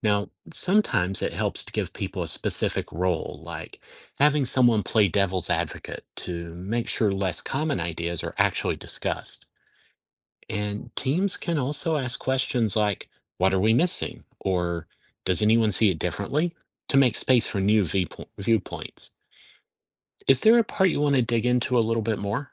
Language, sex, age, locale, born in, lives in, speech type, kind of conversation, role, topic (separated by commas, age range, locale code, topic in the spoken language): English, male, 50-54, en-US, United States, United States, read, expert-assistant, expert, How does teamwork help solve problems?